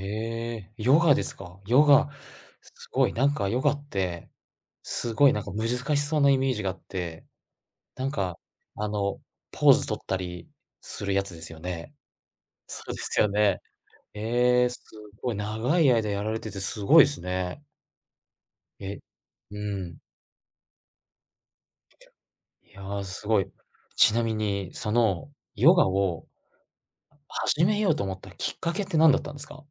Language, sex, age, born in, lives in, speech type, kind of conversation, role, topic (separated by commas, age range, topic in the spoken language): Japanese, male, 35-39, Japan, Japan, conversation, podcast, host, その趣味を始めたきっかけは何でしたか？